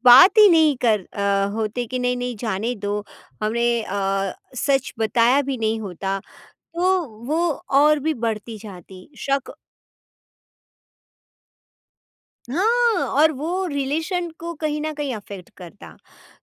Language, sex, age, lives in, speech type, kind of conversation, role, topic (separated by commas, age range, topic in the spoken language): Hindi, female, 40-44, India, conversation, podcast, guest, Kisi bhi galatfahmi ko door karne ka sabse behtar pehla kadam kya hota hai?